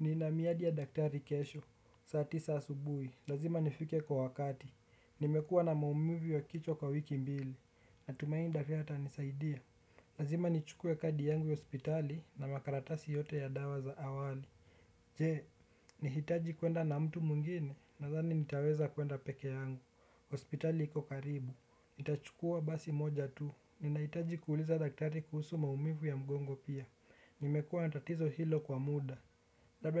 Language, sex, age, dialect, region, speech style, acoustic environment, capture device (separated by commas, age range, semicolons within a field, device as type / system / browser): Swahili, male, 18-24, Kenya - Nairobi Sheng-influenced, Kenya, relaxed, nature; music, desktop / Windows / Chrome